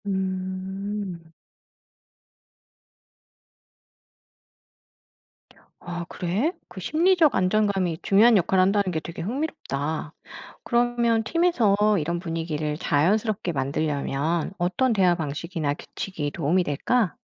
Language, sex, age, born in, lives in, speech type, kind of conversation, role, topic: Korean, female, 45-49, South Korea, France, read, expert-assistant, asker, 일상 속에서 창의력을 키울 수 있는 새로운 습관이나 활동에는 어떤 것들이 있을까요?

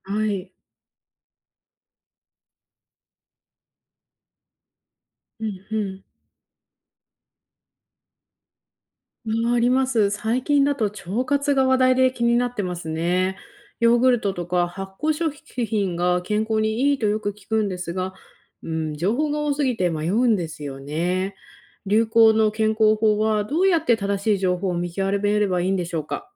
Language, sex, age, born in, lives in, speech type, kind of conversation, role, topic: Japanese, female, 30-34, Japan, United States, read, expert-assistant, asker, ニュースで聞く健康情報、どこまで信じていいのでしょうか？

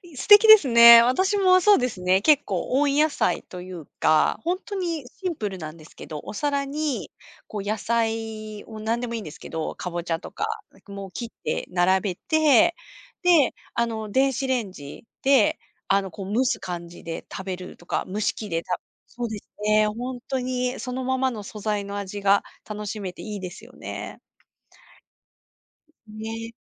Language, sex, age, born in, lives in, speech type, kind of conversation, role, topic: Japanese, female, 45-49, Japan, Japan, conversation, unstructured, none, 好きな料理は何ですか？理由も教えてください？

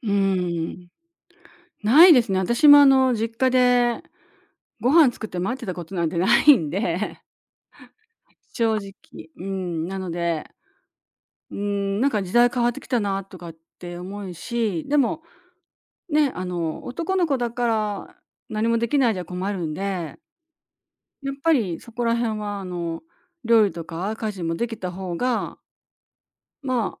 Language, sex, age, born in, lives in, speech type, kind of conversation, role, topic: Japanese, female, 60-64, Japan, Japan, conversation, podcast, guest, 家事の分担は昔と今でどう変わったと思う？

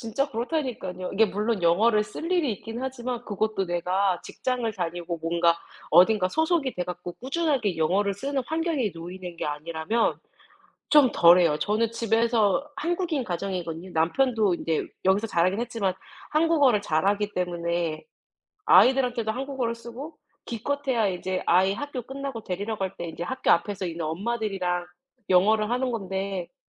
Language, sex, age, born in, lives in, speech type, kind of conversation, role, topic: Korean, female, 30-34, South Korea, United States, conversation, unstructured, none, 가장 힘들었던 날은 어떤 날이었나요?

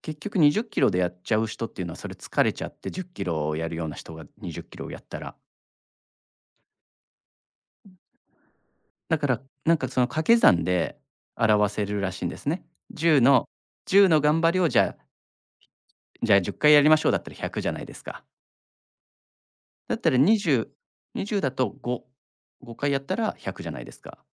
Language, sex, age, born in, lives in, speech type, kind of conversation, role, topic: Japanese, male, 35-39, Japan, Japan, conversation, podcast, guest, 小さな一歩を踏み出すために、どんな習慣を身につければよいですか？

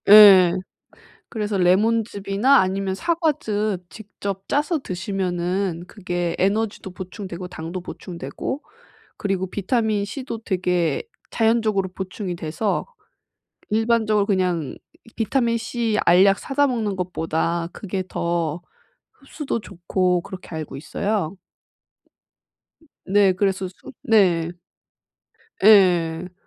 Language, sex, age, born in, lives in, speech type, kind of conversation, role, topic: Korean, female, 30-34, South Korea, Japan, conversation, advice, advisor, 아침에 상쾌하게 일어나는 습관은 어떻게 만들 수 있을까요?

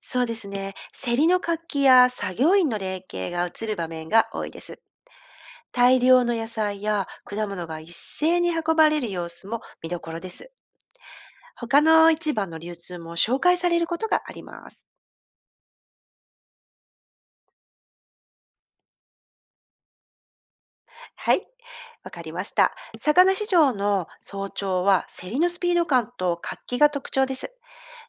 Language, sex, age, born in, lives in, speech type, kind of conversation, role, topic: Japanese, female, 50-54, Japan, Japan, read, expert-assistant, expert, 野菜や果物が食卓に届くまでを知るためのおすすめの学び方はありますか？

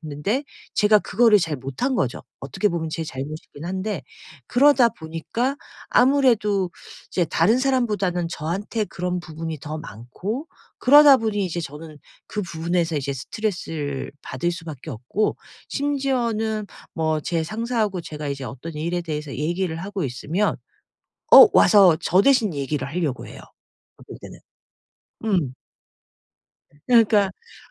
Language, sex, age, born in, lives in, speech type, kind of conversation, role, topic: Korean, female, 50-54, South Korea, United States, conversation, advice, user, 어떻게 하면 사람들에게 제 경계를 분명히 알리고 단호하게 말할 수 있을까요?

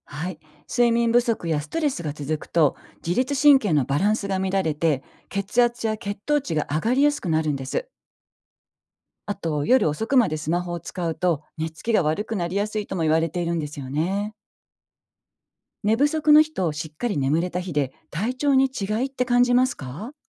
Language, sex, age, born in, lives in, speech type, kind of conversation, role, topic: Japanese, female, 55-59, Japan, Japan, read, expert-assistant, expert, 『生活習慣病』という言葉はよく聞きますが、どんな意味で、どんな生活と関係があるのでしょうか。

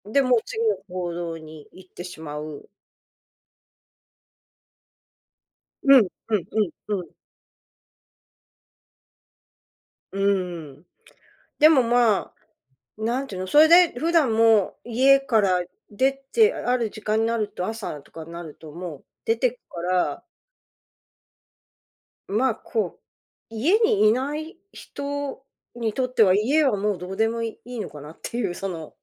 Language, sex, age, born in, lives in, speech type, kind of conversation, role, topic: Japanese, female, 55-59, Japan, United States, conversation, advice, user, パートナーとの家事分担をめぐる口論が繰り返し起きるのはなぜでしょうか？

